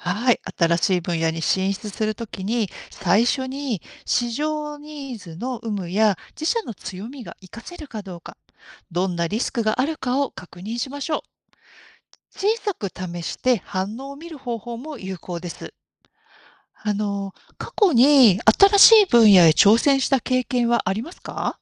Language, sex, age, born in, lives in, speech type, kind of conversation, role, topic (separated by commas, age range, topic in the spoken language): Japanese, female, 50-54, Japan, Japan, read, expert-assistant, expert, 会社が成長を目指すとき、今ある強みを活かすのと、新しい分野に挑戦するのでは、どちらが良い選択でしょうか？